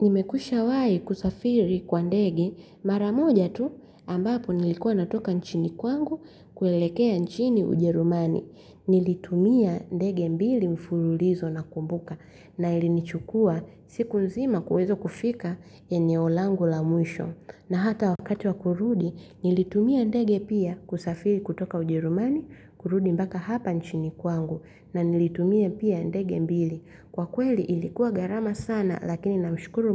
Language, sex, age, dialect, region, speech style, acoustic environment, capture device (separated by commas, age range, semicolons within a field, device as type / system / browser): Swahili, female, 25-34, Tanzania - Dar es Salaam, Tanzania, happy; relaxed; focused; excited, silence, mobile / Linux / Chrome